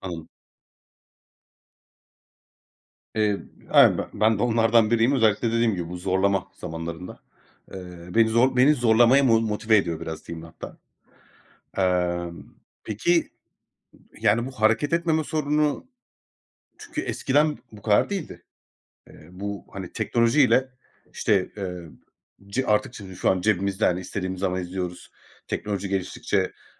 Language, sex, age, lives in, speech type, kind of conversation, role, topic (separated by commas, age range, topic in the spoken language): Turkish, male, 30-34, Greece, conversation, unstructured, none, Hareket etmeyi neden bazen bu kadar zor buluyoruz?